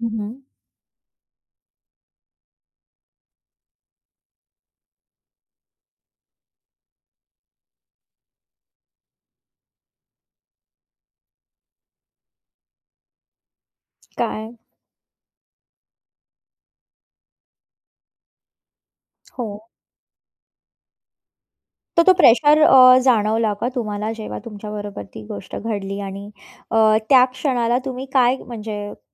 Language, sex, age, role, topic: Marathi, female, 30-34, host, अचानक आलेल्या बदलांशी तुम्ही कसे जुळवून घेता?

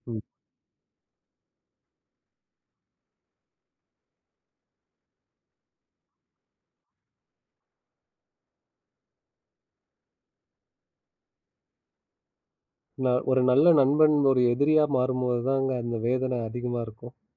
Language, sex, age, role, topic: Tamil, male, 30-34, host, நண்பர்களும் குடும்பத்தினரும் தரும் ஆதரவு மனஅழுத்தத்தை குறைக்க எவ்வளவு உதவுகிறது?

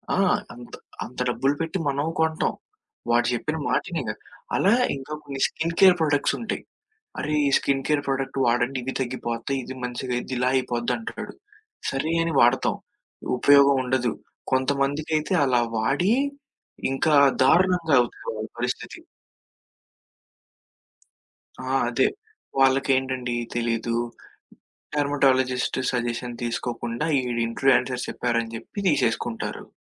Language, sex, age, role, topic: Telugu, male, 20-24, guest, సామాజిక మాధ్యమాల్లో కనిపించే ట్రెండ్లు మీ నిర్ణయాలను ఎలా ప్రభావితం చేసి మార్చేస్తాయి?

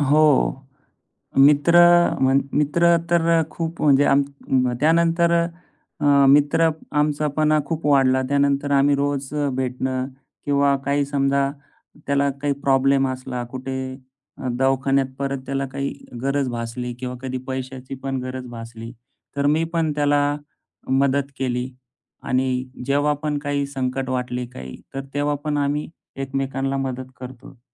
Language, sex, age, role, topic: Marathi, male, 35-39, guest, मित्रांनी संकटात कसा आधार दिला असा अनुभव सांगशील का?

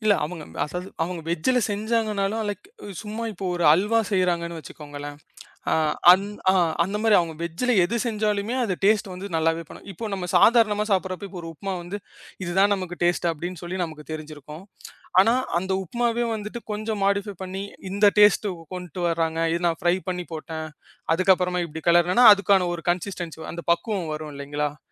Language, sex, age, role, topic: Tamil, male, 25-29, guest, பண்டிகையை நினைவில் நிறுத்தும் அளவுக்கு சுவையான உணவுகளை எப்படி தயார் செய்வது?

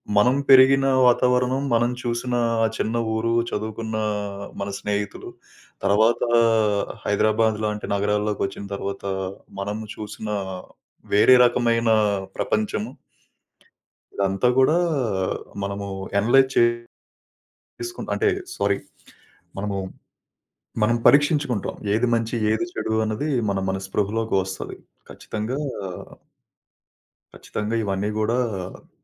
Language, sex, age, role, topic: Telugu, male, 30-34, guest, ఏ అనుభవం మీ దృష్టికోణాన్ని మార్చింది?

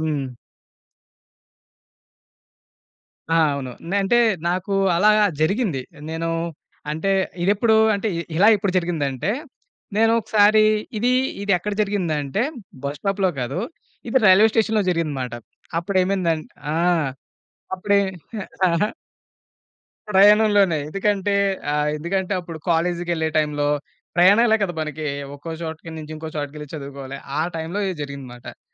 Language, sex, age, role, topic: Telugu, male, 30-34, guest, పక్కనివారితో చిన్న సంభాషణ మొదలుపెట్టుకోవడం ఎందుకు ముఖ్యం అనిపిస్తుంది?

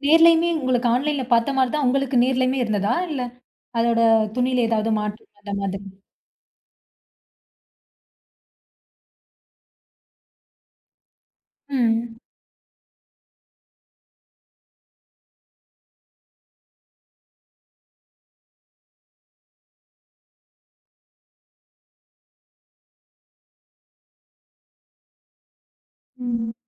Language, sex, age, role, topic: Tamil, female, 20-24, host, சமூக ஊடகங்கள் உங்கள் உடைத் தேர்வை எந்த அளவுக்கு பாதிக்கின்றன?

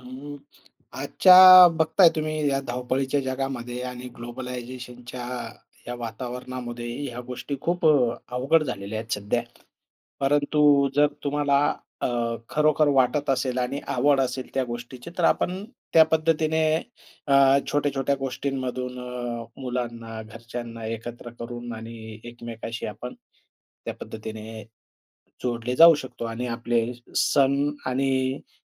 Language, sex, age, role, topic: Marathi, male, 45-49, guest, आठवणी आणि पारंपरिक सणांमुळे प्रेम अधिक मजबूत कसे होते आणि त्याचा अनुभव कसा वाटतो?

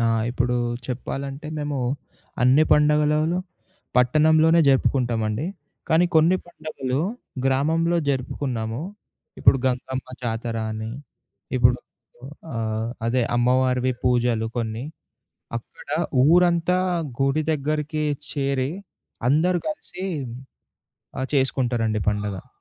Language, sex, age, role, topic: Telugu, male, 20-24, guest, పండుగల సమయంలో పర్యావరణాన్ని దృష్టిలో పెట్టుకుని ఎలా జరుపుకోవాలి?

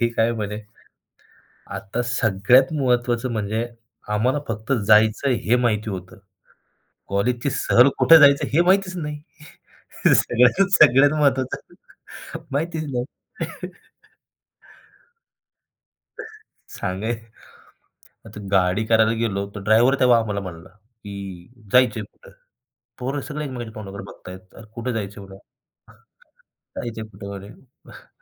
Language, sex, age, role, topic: Marathi, male, 25-29, guest, कधी मित्रांसोबत केलेल्या एखाद्या साहसाची गोष्ट सांगशील का?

